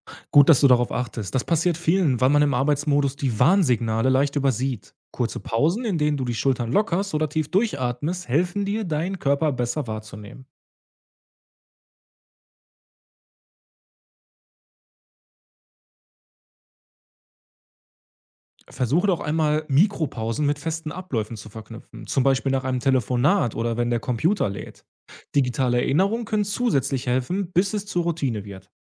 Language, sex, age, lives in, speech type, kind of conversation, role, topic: German, male, 30-34, Germany, read, expert-assistant, expert, Wie kann ich auf meinen Körper hören, um gesünder und zufriedener im Alltag zu leben?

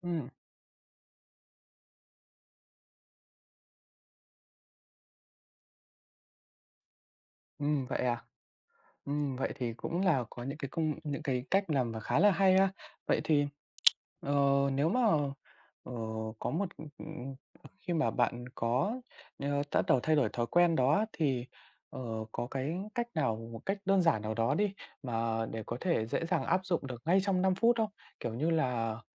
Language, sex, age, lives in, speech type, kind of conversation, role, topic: Vietnamese, male, 20-24, Vietnam, conversation, podcast, host, Bạn có mẹo nào để hạn chế lướt mạng xã hội khi cần tập trung không?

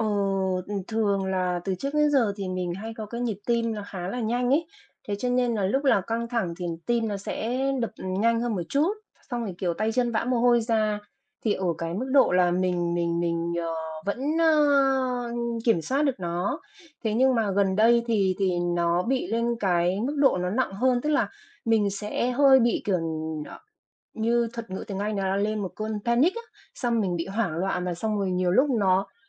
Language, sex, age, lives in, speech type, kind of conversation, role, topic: Vietnamese, female, 45-49, Vietnam, conversation, advice, user, Vì sao tôi thường bị hoảng loạn hoặc tim đập nhanh khi căng thẳng?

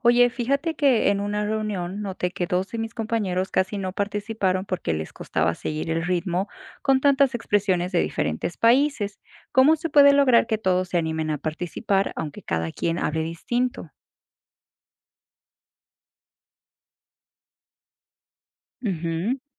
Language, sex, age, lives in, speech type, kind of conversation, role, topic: Spanish, female, 25-29, United States, read, expert-assistant, asker, ¿Qué soluciones existen para que en un grupo multicultural todos se sientan incluidos en la conversación sin importar su forma de hablar español?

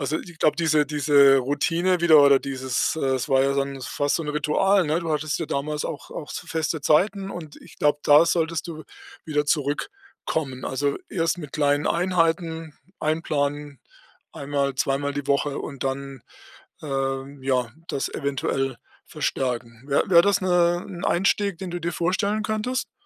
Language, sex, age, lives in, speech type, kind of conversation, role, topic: German, male, 60-64, Germany, conversation, advice, advisor, Wie kann ich trotz Motivationsproblemen und Angst mit Sport anfangen?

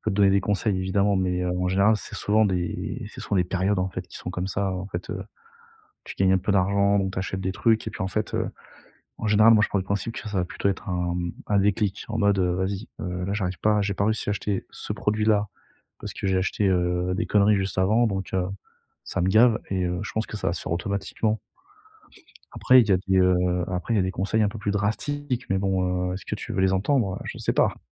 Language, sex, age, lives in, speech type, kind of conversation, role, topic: French, male, 30-34, France, conversation, advice, advisor, Comment puis-je économiser pour un achat important sans céder aux achats impulsifs ?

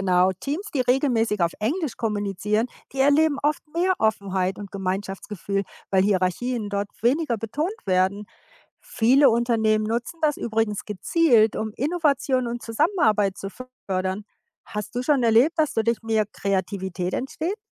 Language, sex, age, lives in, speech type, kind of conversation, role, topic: German, female, 55-59, United States, read, expert-assistant, expert, Wie verändert sich unser Denken, wenn wir in einer anderen Sprache sprechen oder träumen?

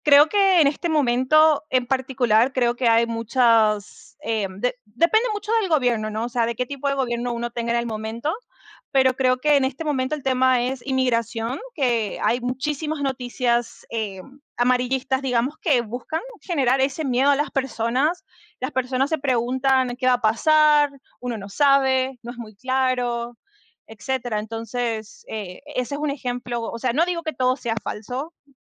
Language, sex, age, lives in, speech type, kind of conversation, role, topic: Spanish, female, 25-29, United States, conversation, unstructured, none, ¿Crees que los gobiernos deberían regular más la desinformación?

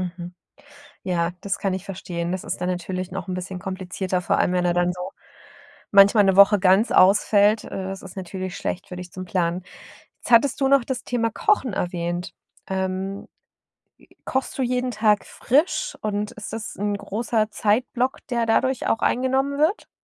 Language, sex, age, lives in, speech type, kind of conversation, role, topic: German, female, 40-44, Germany, conversation, advice, advisor, Wie kann ich regelmäßig Zeit für meine Hobbys finden?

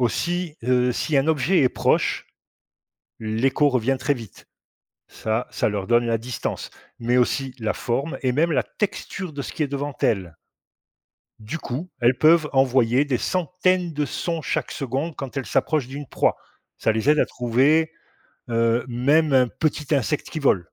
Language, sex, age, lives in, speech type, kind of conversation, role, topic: French, male, 50-54, Portugal, read, expert-assistant, expert, Comment les chauves-souris utilisent-elles l’écholocation ?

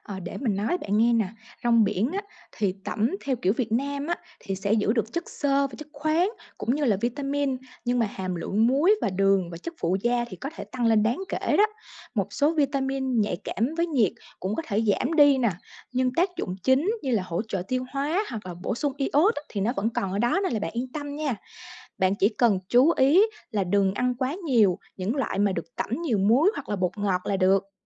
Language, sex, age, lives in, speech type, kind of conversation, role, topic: Vietnamese, female, 25-29, Vietnam, read, expert-assistant, expert, Vì sao cùng một loại thực phẩm mà mỗi nước lại có cách trồng và chế biến khác nhau?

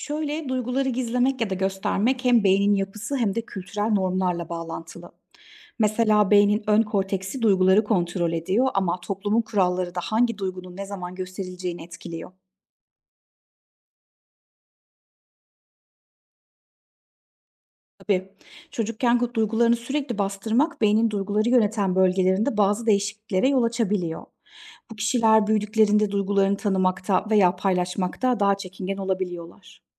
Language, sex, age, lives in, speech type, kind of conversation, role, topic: Turkish, female, 35-39, Germany, read, expert-assistant, expert, Neden bazı kültürlerde insanlar duygularını daha açıkça gösterirken, bazılarında gizlerler? Beynimiz bunda nasıl rol oynar?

